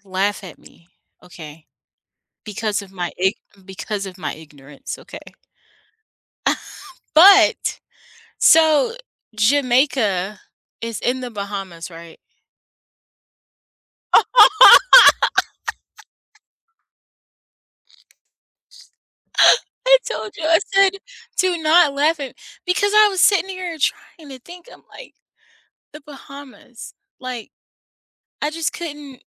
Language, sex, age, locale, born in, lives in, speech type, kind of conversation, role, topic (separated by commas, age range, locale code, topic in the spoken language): English, female, 30-34, en-US, United States, United States, conversation, unstructured, none, What is your favorite place you have ever traveled to?